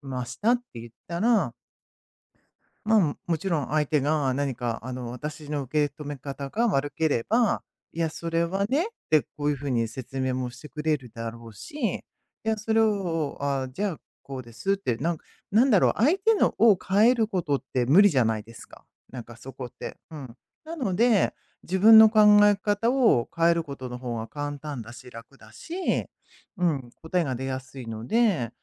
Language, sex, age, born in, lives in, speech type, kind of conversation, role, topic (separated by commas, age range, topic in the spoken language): Japanese, female, 55-59, Japan, United States, conversation, unstructured, none, 自分の意見をめぐって争いたくないと思いますか？